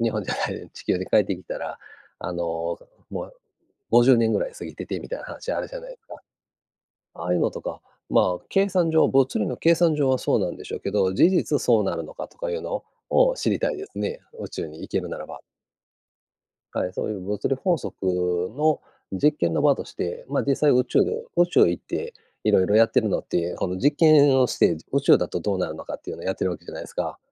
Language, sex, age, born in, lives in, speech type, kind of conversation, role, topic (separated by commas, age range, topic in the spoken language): Japanese, male, 50-54, Japan, Japan, conversation, unstructured, none, 宇宙について一番興味があることは何ですか？